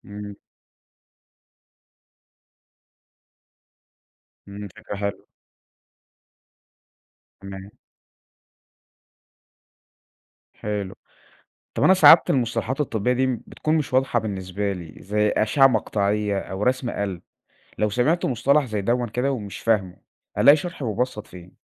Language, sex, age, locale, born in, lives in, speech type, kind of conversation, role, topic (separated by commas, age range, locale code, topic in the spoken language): Arabic, male, 20-24, ar-EG, Egypt, Egypt, read, expert-assistant, asker, لو حبيت أفهم الفرق بين تخصصات الطب زي القلب والمخ والعظام، أبدأ منين وأدور على معلومات موثوقة فين؟